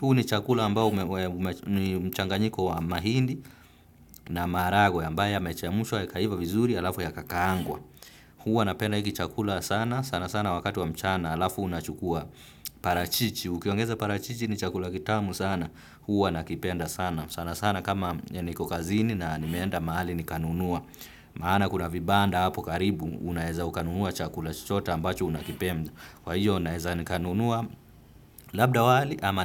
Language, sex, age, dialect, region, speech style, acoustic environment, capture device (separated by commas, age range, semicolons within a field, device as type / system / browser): Swahili, male, 25-34, Kenya - Nairobi Sheng-influenced, Kenya, relaxed, appliances, desktop / Windows / Firefox